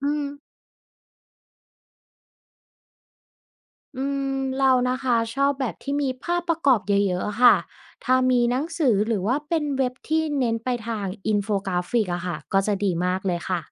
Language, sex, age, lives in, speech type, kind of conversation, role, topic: Thai, female, 25-29, Thailand, read, expert-assistant, asker, ถ้าอยากเข้าใจประวัติศาสตร์ศาสนาให้ลึกขึ้น ควรเริ่มต้นพัฒนาความรู้หรือทักษะด้านไหนก่อนดี?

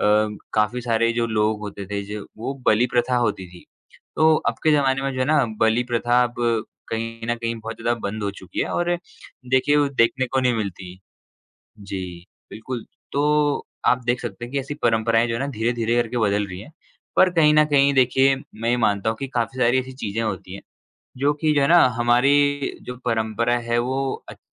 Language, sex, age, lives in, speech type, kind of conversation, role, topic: Hindi, male, 20-24, India, conversation, unstructured, none, धार्मिक त्योहारों का हमारे जीवन में क्या महत्व है?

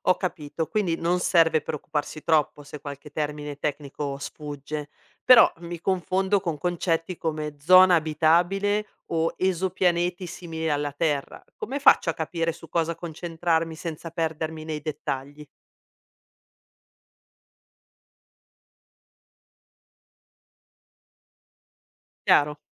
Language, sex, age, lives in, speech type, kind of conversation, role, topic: Italian, female, 40-44, Italy, read, expert-assistant, asker, Quali strategie posso usare per avvicinarmi all’astrobiologia anche se non ho una formazione scientifica?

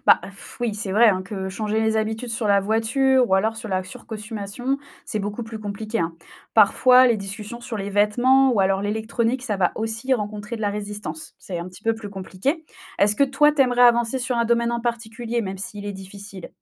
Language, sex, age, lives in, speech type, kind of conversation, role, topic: French, female, 25-29, France, read, expert-assistant, expert, Comment rester motivé pour adopter des gestes écologiques au quotidien ?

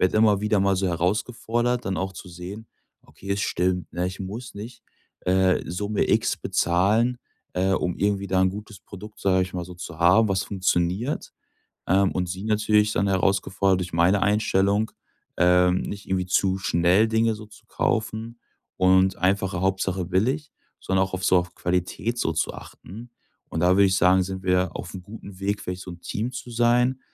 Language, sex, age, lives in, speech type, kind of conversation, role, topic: German, male, 25-29, Germany, conversation, advice, user, Wie kann ich beim Einkaufen Preis und Qualität besser gegeneinander abwägen?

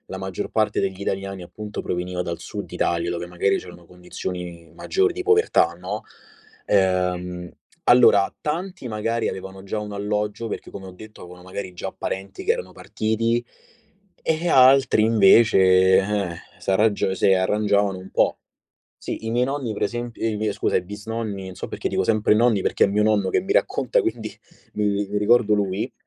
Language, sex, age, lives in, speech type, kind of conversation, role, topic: Italian, male, 25-29, Italy, conversation, podcast, guest, Puoi raccontarmi una storia di emigrazione della tua famiglia?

